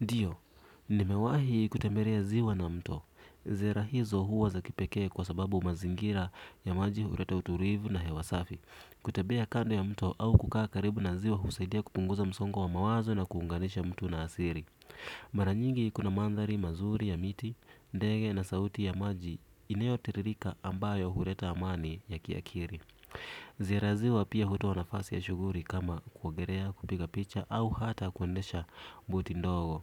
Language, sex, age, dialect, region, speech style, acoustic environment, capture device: Swahili, male, 25-34, Kenya - Nairobi Sheng-influenced, Kenya, relaxed; focused, silence, desktop / Windows / Edge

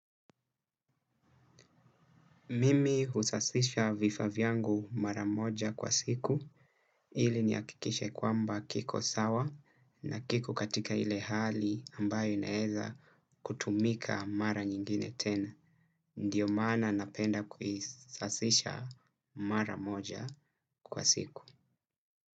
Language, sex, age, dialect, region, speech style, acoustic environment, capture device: Swahili, male, 25-34, Kenya - Mombasa, Kenya, relaxed, nature, desktop / Windows / Chrome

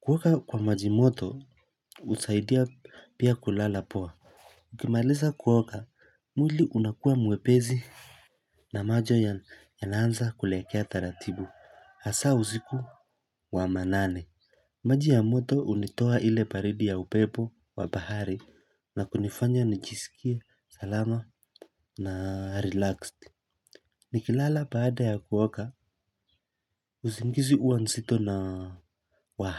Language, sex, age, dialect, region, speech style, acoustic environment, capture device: Swahili, male, 25-34, Kenya - Mombasa, Kenya, relaxed, silence, mobile / Linux / Chrome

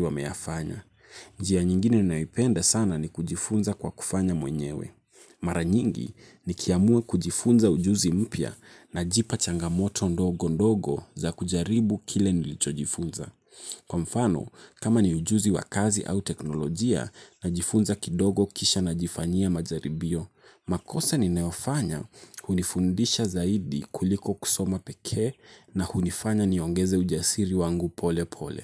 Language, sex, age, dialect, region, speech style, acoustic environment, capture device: Swahili, male, 18-24, Kenya - Nairobi Sheng-influenced, Kenya, relaxed, nature; traffic; appliances, mobile / Linux / Chrome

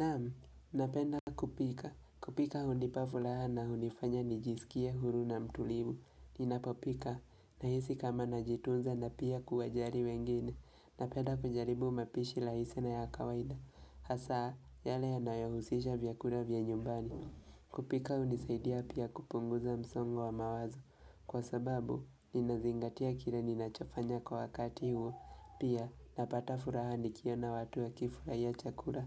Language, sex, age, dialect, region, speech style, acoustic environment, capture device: Swahili, male, 18-24, Kenya - Nairobi Sheng-influenced, Kenya, relaxed, silence, mobile / Linux / Chrome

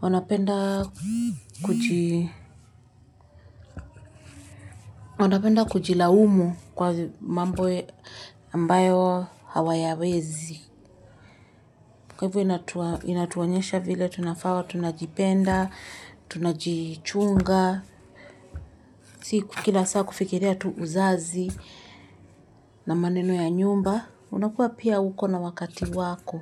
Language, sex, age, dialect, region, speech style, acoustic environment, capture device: Swahili, female, 25-34, Kenya - Nairobi Sheng-influenced, Kenya, relaxed, silence, mobile / Linux / Chrome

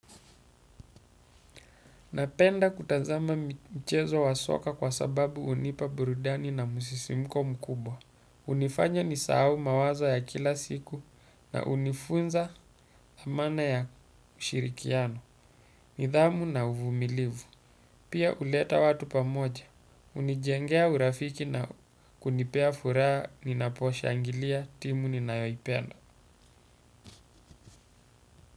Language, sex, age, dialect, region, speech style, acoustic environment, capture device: Swahili, male, 35-44, Kenya - Mombasa, Kenya, focused, silence, desktop / Windows / Chrome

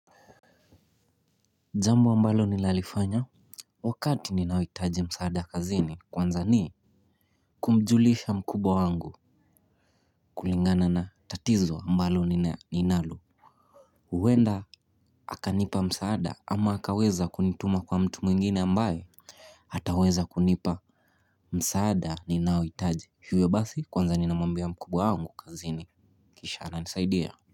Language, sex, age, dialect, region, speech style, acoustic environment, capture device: Swahili, male, 18-24, Kenya - Mombasa, Kenya, focused, silence, mobile / Linux / Chrome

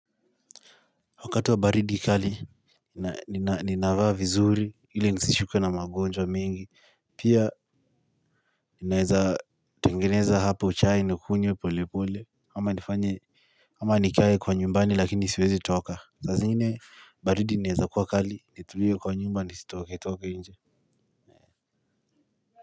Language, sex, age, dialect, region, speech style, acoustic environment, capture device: Swahili, male, 18-24, Kenya - Nairobi Sheng-influenced, Kenya, focused, silence, mobile / Linux / Chrome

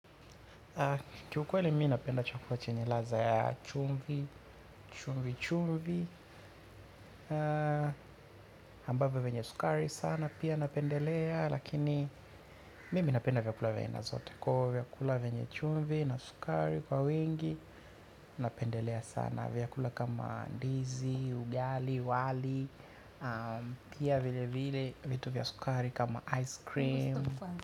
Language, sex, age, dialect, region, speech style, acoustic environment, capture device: Swahili, male, 25-34, Tanzania - Dar es Salaam, Tanzania, focused, silence, mobile / Linux / Chrome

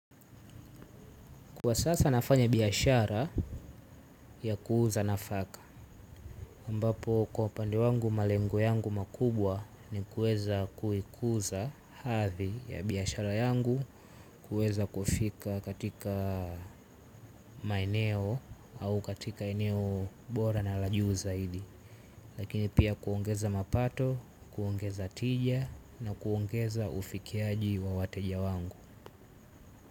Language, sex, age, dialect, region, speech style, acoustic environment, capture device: Swahili, male, 18-24, Tanzania - Dar es Salaam, Tanzania, relaxed, nature; silence, mobile / Linux / Chrome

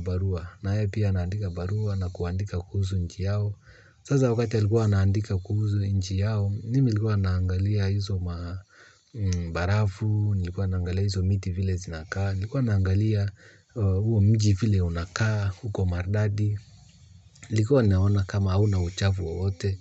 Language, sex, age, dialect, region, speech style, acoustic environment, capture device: Swahili, male, 35-44, Kenya - Nairobi Sheng-influenced, Kenya, happy; focused, silence, mobile / Linux / Chrome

